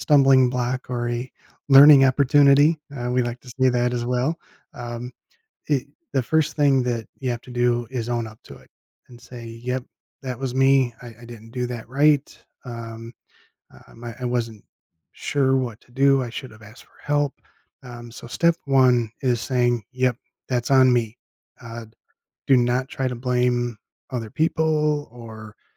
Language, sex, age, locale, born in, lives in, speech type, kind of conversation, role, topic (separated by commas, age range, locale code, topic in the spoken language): English, male, 50-54, en-US, United States, United States, conversation, podcast, guest, How do you turn setbacks at work into opportunities for growth?